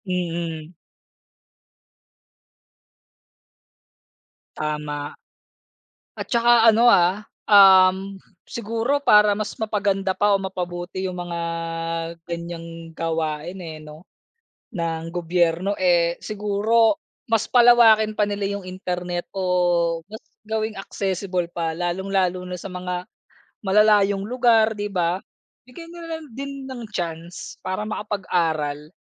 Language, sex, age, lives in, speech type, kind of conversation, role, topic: Filipino, male, 30-34, Philippines, conversation, unstructured, none, Ano ang paborito mong programa ng gobyerno at bakit?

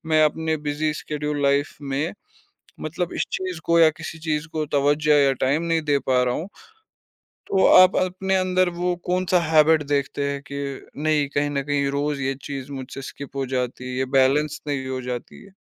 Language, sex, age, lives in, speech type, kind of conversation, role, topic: Hindi, male, 25-29, India, conversation, podcast, host, Busy schedule mein aap apni consistency kaise banaye rakhte ho?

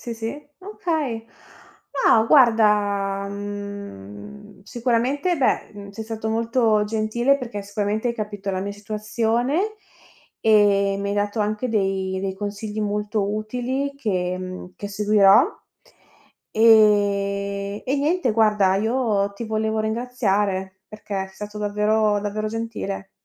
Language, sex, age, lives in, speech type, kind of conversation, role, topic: Italian, female, 30-34, Italy, conversation, advice, user, Come descriveresti la tua dipendenza da sonniferi o integratori per dormire?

